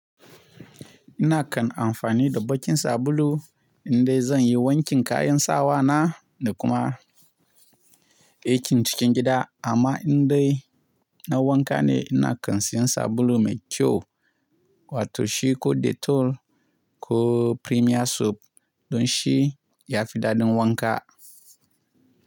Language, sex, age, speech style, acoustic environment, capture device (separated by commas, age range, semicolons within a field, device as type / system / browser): Hausa, male, 25-34, relaxed; happy, nature; silence, mobile / Linux / Chrome